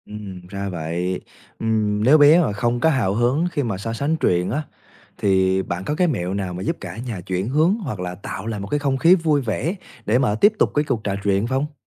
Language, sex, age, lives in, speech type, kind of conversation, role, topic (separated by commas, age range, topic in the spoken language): Vietnamese, male, 20-24, Vietnam, read, expert-assistant, asker, Làm sao để không bị rối khi muốn so sánh nội dung giữa các truyện Việt và truyện Tây?